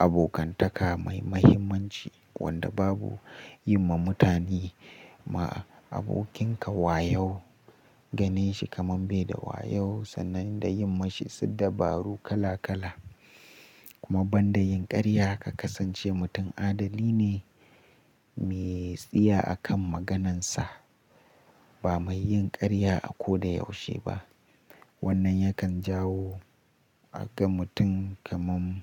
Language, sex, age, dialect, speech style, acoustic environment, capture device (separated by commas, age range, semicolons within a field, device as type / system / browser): Hausa, male, 18-24, Nigeria - Nigerian Standard, relaxed, nature, mobile / Linux / Chrome